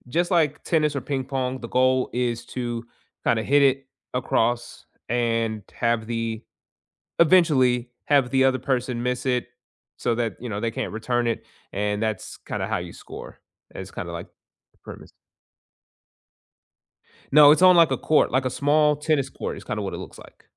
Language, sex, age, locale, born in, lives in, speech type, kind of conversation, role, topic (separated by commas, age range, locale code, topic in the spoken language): English, male, 25-29, en-US, United States, United States, conversation, unstructured, none, Which kind of exercise or movement fits your life best right now, and how could it help you connect with others?